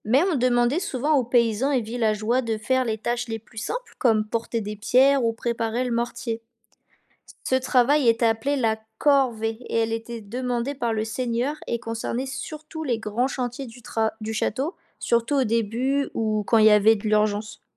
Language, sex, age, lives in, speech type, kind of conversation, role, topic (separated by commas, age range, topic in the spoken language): French, female, 20-24, France, read, expert-assistant, expert, Comment les châteaux forts étaient-ils construits au Moyen Âge ?